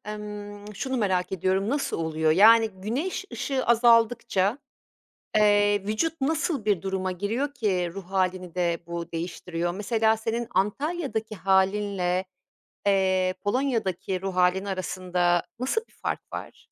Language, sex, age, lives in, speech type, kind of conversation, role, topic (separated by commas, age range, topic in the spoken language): Turkish, female, 50-54, Italy, conversation, podcast, host, Mevsimlerin ruh hâlimizi nasıl etkilediğini düşünüyorsun?